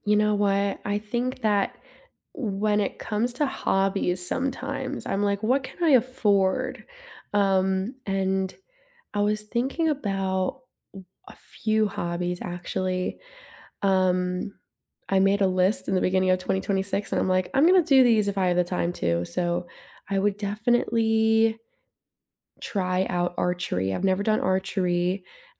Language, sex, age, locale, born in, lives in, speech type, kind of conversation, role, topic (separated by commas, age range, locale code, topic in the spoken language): English, female, 20-24, en-US, United States, United States, conversation, unstructured, none, What hobby would you realistically love to start this year?